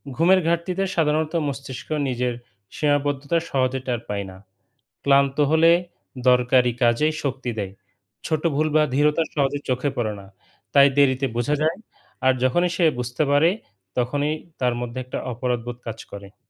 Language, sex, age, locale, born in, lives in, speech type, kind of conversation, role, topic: Bengali, male, 35-39, bn-BD, Bangladesh, Bangladesh, read, expert-assistant, expert, ঘুমের অভাবকে কীভাবে স্মার্টফোনের চার্জ ফুরিয়ে যাওয়ার সাথে তুলনা করা যায়?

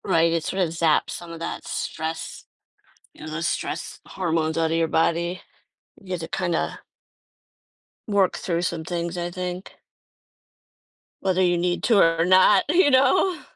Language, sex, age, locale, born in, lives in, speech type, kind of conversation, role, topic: English, female, 50-54, en-US, United States, United States, conversation, podcast, host, How has staying active shaped your daily life and well-being?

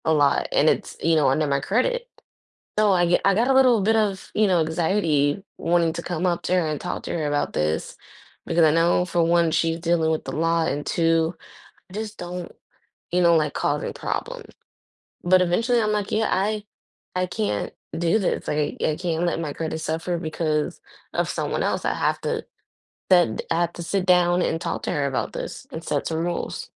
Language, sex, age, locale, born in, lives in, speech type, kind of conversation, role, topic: English, female, 25-29, en-US, United States, United States, conversation, unstructured, none, Would you rather always speak your mind or never speak again?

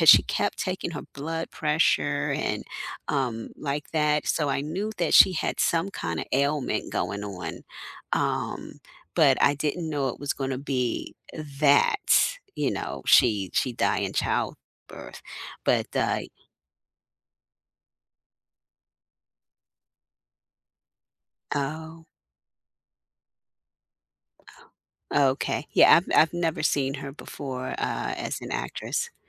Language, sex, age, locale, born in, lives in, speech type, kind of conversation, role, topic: English, female, 50-54, en-US, United States, United States, conversation, unstructured, none, What plot twists have shocked you, without spoilers—just vibes?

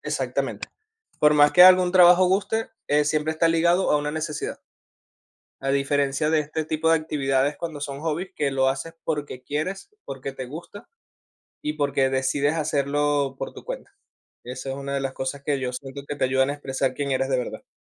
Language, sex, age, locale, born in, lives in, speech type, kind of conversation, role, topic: Spanish, male, 30-34, es-VE, Venezuela, Spain, conversation, unstructured, none, ¿Qué te hace sentir más auténtico contigo mismo?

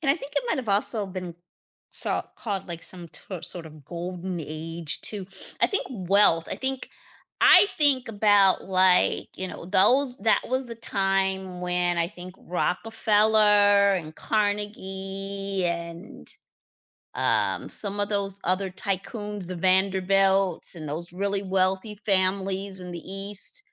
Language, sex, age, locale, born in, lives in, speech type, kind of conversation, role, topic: English, female, 50-54, en-US, United States, United States, conversation, unstructured, none, What do you think life was like 100 years ago?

